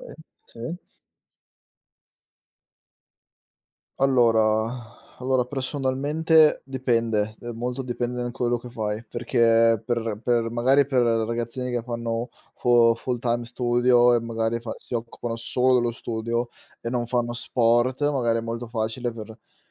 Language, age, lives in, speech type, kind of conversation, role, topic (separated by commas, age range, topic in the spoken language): Italian, 25-29, Italy, conversation, unstructured, none, Come gestisci il tuo tempo tra lo studio e il tempo libero?